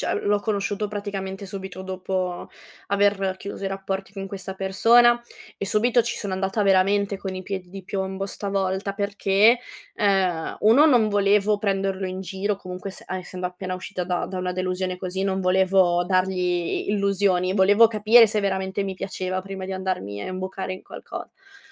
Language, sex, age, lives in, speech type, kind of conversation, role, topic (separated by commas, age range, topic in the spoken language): Italian, female, 25-29, Italy, conversation, advice, user, Quali difficoltà incontri nel fidarti di persone nuove?